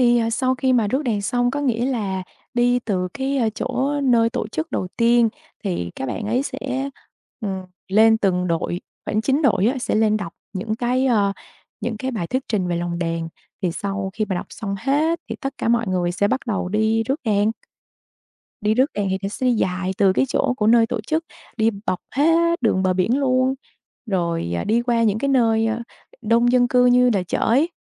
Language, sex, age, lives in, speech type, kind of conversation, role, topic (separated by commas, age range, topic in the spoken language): Vietnamese, female, 25-29, Vietnam, conversation, podcast, guest, Bạn có thể kể về một lễ hội địa phương mà bạn từng tham gia không?